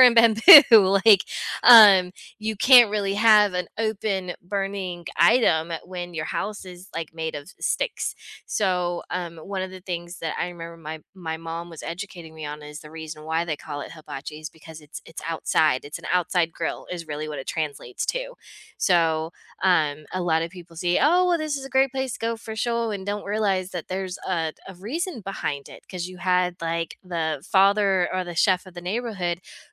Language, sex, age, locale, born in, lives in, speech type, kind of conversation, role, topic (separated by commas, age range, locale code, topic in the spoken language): English, female, 40-44, en-US, United States, United States, conversation, unstructured, none, How can food tell a story about a culture?